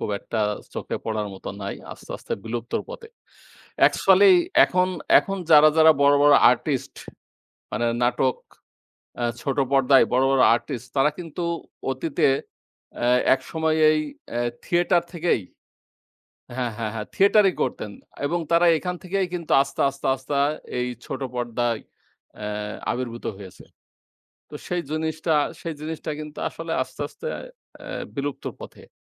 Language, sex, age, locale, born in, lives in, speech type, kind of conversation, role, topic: Bengali, male, 25-29, bn-BD, Bangladesh, Bangladesh, conversation, unstructured, none, আপনি কি কখনও নাটক বা থিয়েটারে গিয়েছেন?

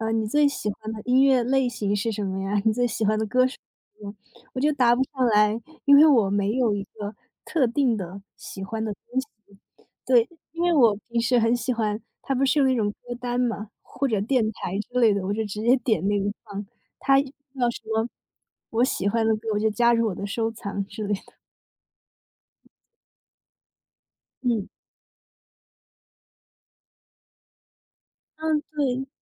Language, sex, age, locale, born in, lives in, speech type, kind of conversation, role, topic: Chinese, female, 25-29, zh-CN, China, United States, conversation, unstructured, none, 你觉得音乐会让人更快乐吗？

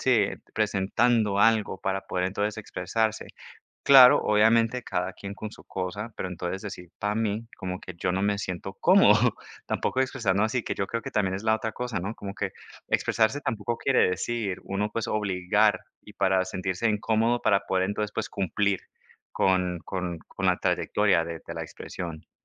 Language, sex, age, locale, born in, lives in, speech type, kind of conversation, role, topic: Spanish, male, 30-34, es-CO, United States, United States, conversation, unstructured, none, ¿Cómo te gusta expresar quién eres?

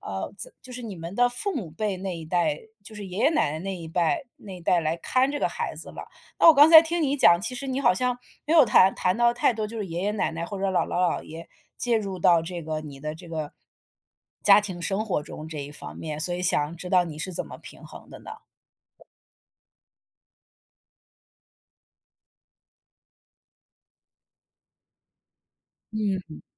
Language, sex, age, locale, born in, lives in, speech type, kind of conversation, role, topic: Chinese, female, 40-44, zh-CN, China, United States, conversation, podcast, host, 你平时是怎么在工作和居家生活之间找到平衡的？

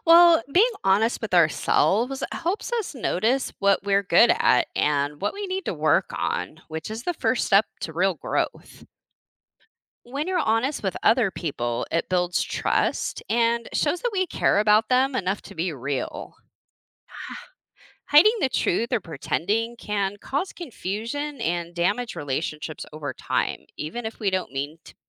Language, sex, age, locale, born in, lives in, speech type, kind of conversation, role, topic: English, female, 40-44, en-US, United States, United States, read, expert-assistant, expert, Why is honesty important in relationships and self-understanding?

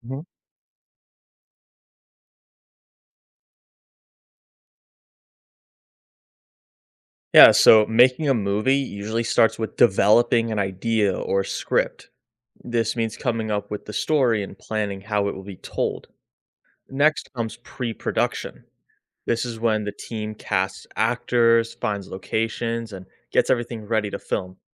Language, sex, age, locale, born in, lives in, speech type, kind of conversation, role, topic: English, male, 25-29, en-US, United States, United States, read, expert-assistant, expert, How are movies made, from start to finish?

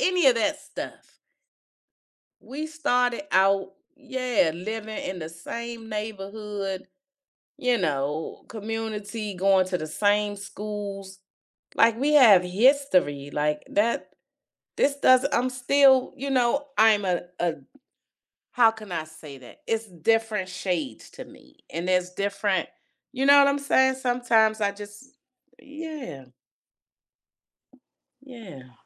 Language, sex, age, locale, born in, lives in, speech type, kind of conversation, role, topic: English, female, 55-59, en-US, United States, United States, conversation, podcast, guest, What qualities help friendships last through challenges and change?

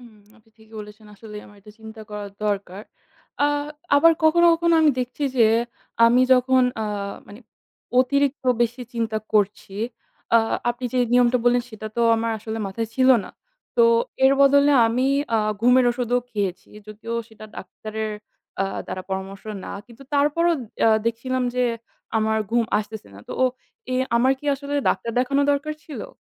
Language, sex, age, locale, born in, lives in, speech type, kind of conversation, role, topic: Bengali, female, 20-24, bn-BD, Bangladesh, Bangladesh, conversation, advice, user, মন খারাপ বা উদ্বেগ হলে অতিরিক্ত খাওয়ার অভ্যাসের সঙ্গে কীভাবে লড়াই করতে পারি?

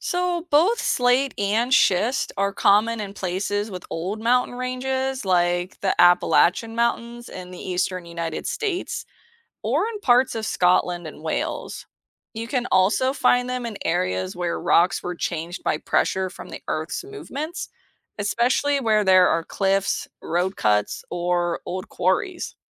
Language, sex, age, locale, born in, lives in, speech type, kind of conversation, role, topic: English, female, 35-39, en-US, United States, United States, read, expert-assistant, expert, What are the main stages of the rock cycle?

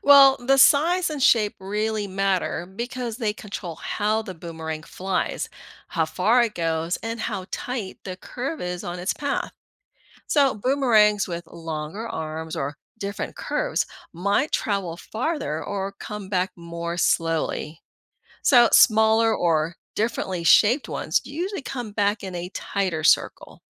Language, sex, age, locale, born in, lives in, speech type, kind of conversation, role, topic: English, female, 50-54, en-US, Philippines, United States, read, expert-assistant, expert, How does a boomerang return to the thrower?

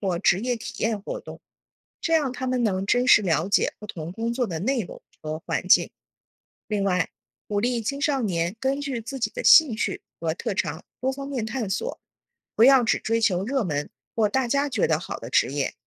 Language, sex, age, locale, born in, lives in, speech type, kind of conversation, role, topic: Chinese, female, 40-44, zh-CN, China, United States, read, expert-assistant, expert, 怎样帮助青少年树立正确的职业观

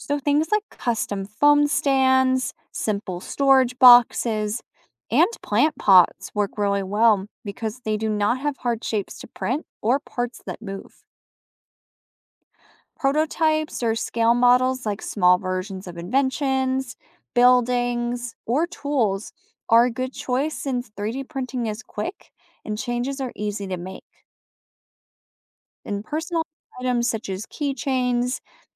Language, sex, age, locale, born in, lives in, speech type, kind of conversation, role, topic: English, female, 25-29, en-US, United States, United States, read, expert-assistant, expert, What should beginners know about 3D printing?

